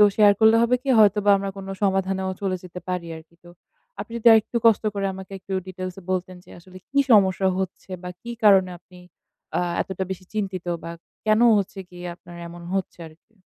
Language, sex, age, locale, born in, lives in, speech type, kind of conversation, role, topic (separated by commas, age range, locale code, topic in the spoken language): Bengali, female, 20-24, bn-BD, Bangladesh, Bangladesh, conversation, advice, advisor, আমি কীভাবে ছুটির দিনে মানসিক ক্লান্তি সামলাতে পারি?